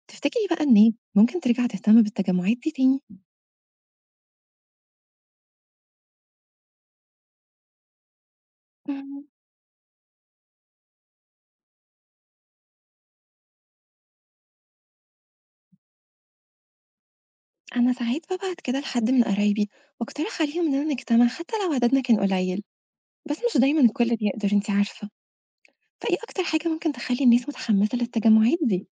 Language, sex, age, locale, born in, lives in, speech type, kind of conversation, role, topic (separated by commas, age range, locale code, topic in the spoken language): Arabic, female, 25-29, ar-EG, Egypt, Egypt, read, expert-assistant, asker, إزاي ممكن العادات الاجتماعية تتغير مع ظهور تكنولوجيا جديدة في المجتمع؟